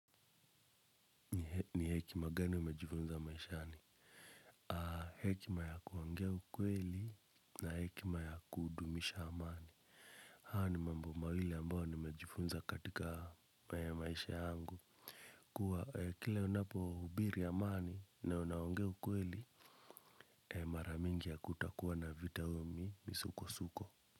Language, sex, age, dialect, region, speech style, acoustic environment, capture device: Swahili, male, 25-34, Kenya - Nairobi Sheng-influenced, Kenya, focused, silence, mobile / Linux / Chrome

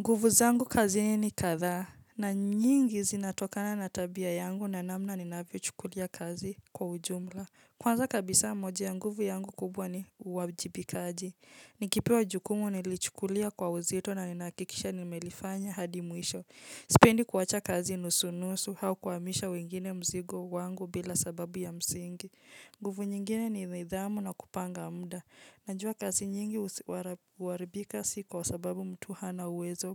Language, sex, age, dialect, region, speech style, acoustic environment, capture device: Swahili, female, 25-34, Kenya - Nairobi Sheng-influenced, Kenya, relaxed, nature, mobile / Linux / Chrome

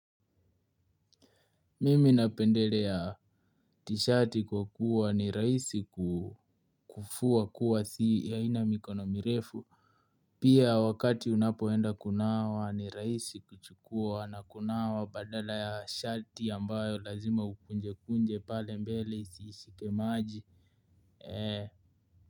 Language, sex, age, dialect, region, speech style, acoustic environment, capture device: Swahili, male, 18-24, Kenya - Nairobi Sheng-influenced, Kenya, excited, nature, mobile / Linux / Chrome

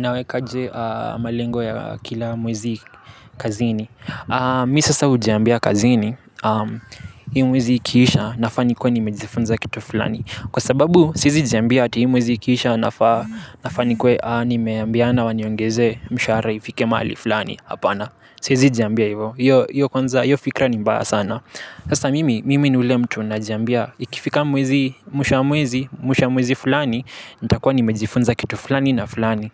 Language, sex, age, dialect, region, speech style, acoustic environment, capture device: Swahili, male, 18-24, Kenya - Nairobi Sheng-influenced, Kenya, happy, nature, mobile / Linux / Chrome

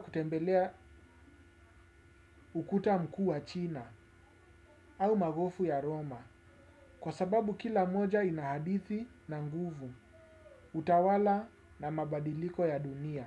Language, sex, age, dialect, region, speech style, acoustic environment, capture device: Swahili, male, 35-44, Kenya - Nairobi Sheng-influenced, Kenya, focused, silence, desktop / Windows / Chrome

